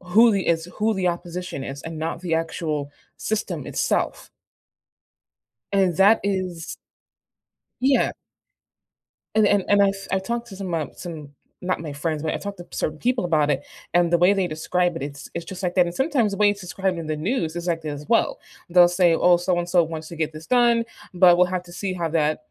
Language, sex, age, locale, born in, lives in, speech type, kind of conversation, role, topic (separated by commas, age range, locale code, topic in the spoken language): English, female, 25-29, en-US, United States, United States, conversation, unstructured, none, What risks do you see in social media influencing elections?